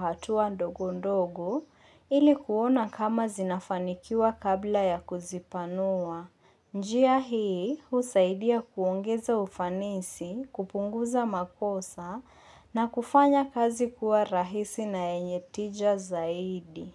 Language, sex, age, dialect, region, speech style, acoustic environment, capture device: Swahili, female, 25-34, Kenya - Mombasa, Kenya, focused, nature, mobile / Linux / Chrome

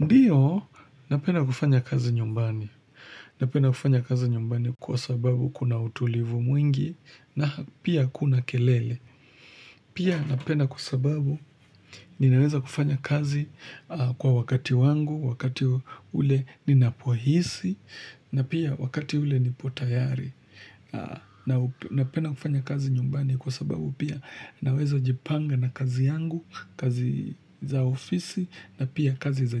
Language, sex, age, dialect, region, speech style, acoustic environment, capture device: Swahili, male, 25-34, Kenya - Nairobi Sheng-influenced, Kenya, relaxed, nature; appliances, mobile / Linux / Chrome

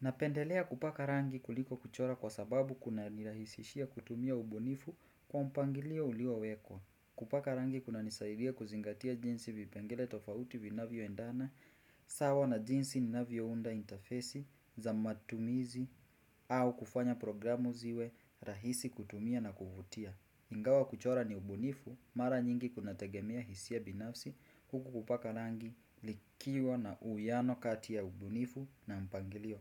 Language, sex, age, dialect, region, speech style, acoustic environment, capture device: Swahili, male, 18-24, Kenya - Mombasa, Kenya, happy, silence, desktop / Windows / Chrome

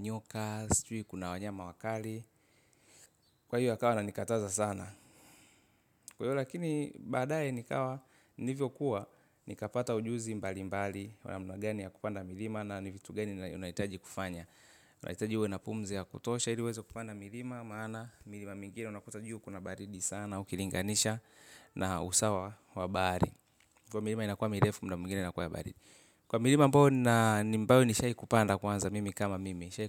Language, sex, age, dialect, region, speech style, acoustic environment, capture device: Swahili, male, 25-34, Tanzania - Dar es Salaam, Tanzania, relaxed, silence, mobile / Linux / Chrome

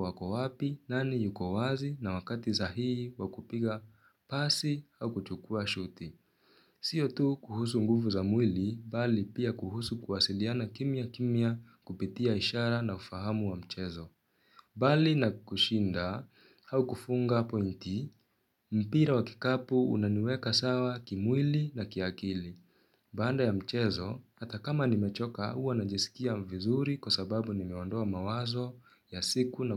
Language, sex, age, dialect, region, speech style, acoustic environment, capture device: Swahili, male, 18-24, Kenya - Nairobi Sheng-influenced, Kenya, focused, silence, mobile / Linux / Chrome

